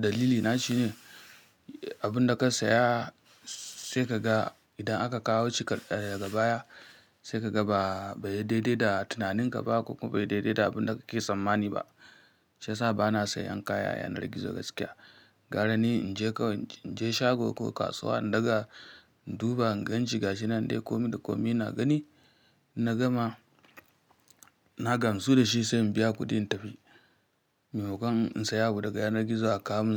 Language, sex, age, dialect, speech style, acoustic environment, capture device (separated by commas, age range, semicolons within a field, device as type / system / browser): Hausa, female, 25-34, Nigeria - Kano, happy; relaxed; focused, nature; silence, mobile / Linux / Chrome